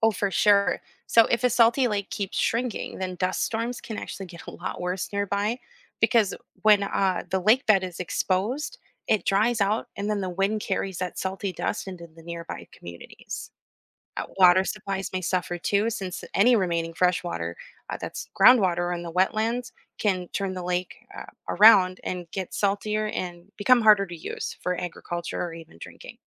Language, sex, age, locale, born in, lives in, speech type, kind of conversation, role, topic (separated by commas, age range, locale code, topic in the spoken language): English, female, 30-34, en-US, United States, United States, read, expert-assistant, expert, Why are some lakes saltier than others?